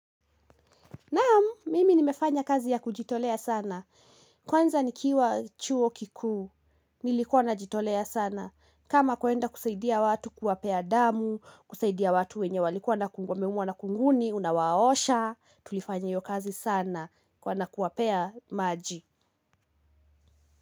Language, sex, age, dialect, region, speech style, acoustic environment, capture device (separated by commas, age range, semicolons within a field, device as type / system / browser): Swahili, female, 18-24, Kenya - Kiambu, Kenya, anxious, nature, mobile / Linux / Chrome